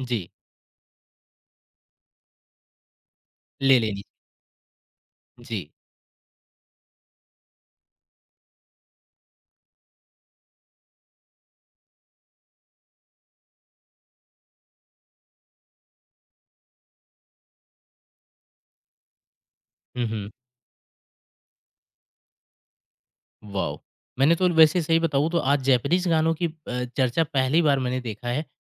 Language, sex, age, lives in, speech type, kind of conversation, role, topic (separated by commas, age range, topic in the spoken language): Hindi, male, 25-29, India, conversation, podcast, host, Family ke elders ke gaane tumhari playlist mein ab bhi kyun rehte hain?